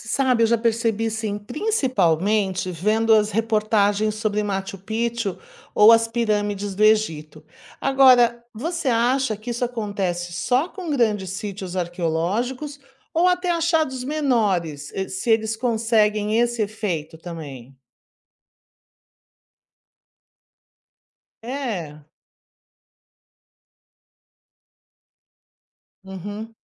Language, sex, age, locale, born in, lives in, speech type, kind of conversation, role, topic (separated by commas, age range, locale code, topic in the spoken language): Portuguese, female, 55-59, pt-BR, Brazil, United States, read, expert-assistant, asker, E se encontrássemos uma nova civilização enterrada no Brasil que mudasse tudo o que sabemos sobre nossas origens?